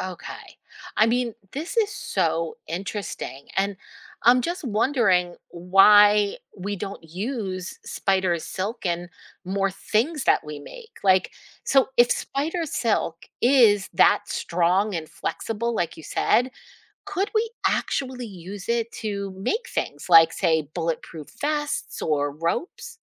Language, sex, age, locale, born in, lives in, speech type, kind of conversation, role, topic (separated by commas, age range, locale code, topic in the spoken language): English, female, 50-54, en-US, United States, United States, read, expert-assistant, asker, Why is spider silk stronger than steel?